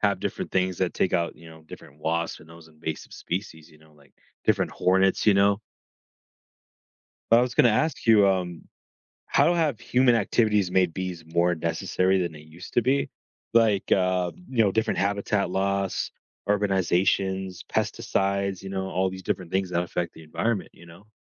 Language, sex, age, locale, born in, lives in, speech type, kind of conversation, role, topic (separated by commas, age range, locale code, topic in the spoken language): English, male, 20-24, en-US, United States, United States, conversation, podcast, host, How do bees help nature?